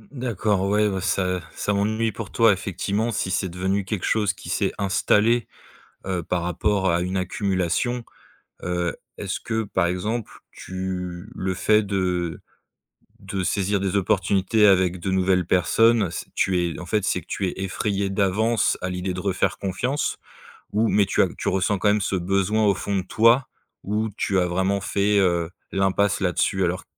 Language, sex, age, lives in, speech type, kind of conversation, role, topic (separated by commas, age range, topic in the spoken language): French, male, 35-39, France, conversation, advice, advisor, Pourquoi est-il si difficile de refaire confiance après une trahison ?